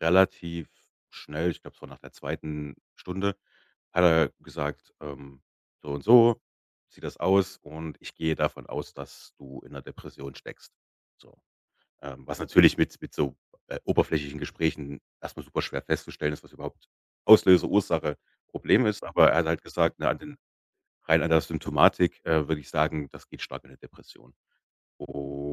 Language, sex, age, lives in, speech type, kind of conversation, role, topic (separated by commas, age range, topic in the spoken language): German, male, 35-39, Germany, conversation, podcast, guest, Wie lernst du, Hilfe anzunehmen?